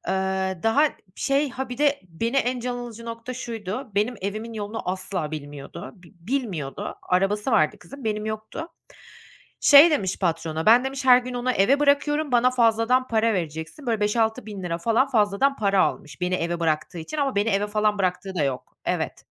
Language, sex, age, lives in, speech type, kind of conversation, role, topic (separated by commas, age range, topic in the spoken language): Turkish, female, 30-34, Netherlands, conversation, podcast, guest, Güven kaybı yaşandığında sence güveni yeniden nasıl kurarsın?